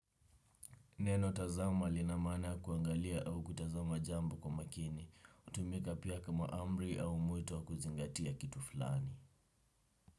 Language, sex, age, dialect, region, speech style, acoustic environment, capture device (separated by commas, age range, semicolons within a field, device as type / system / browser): Swahili, male, 18-24, Kenya - Nairobi Sheng-influenced, Kenya, relaxed, silence, desktop / Windows / Chrome